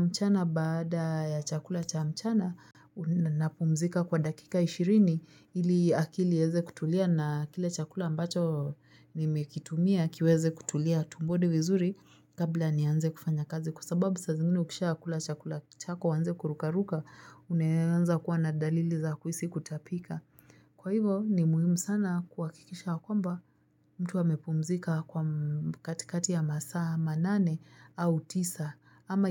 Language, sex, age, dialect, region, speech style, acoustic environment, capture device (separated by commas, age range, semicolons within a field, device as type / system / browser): Swahili, female, 25-34, Kenya - Nairobi Sheng-influenced, Kenya, relaxed, nature, mobile / Linux / Chrome